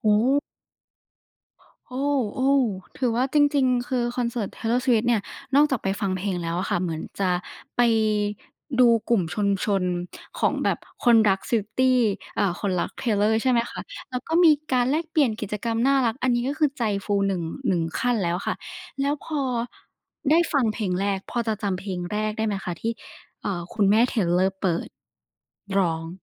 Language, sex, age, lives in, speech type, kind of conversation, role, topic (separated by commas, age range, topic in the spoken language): Thai, female, 20-24, Thailand, conversation, podcast, host, คุณเคยมีช่วงเวลาในคอนเสิร์ตที่ซาบซึ้งจนร้องไห้ไหม?